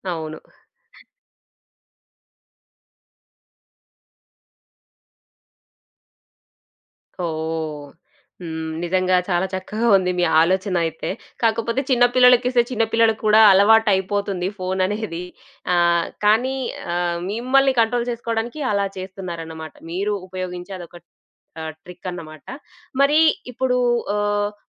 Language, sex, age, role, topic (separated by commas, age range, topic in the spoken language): Telugu, female, 25-29, host, స్మార్ట్‌ఫోన్ వినియోగాన్ని నియంత్రించడానికి మీ సూచనలు ఏమిటి?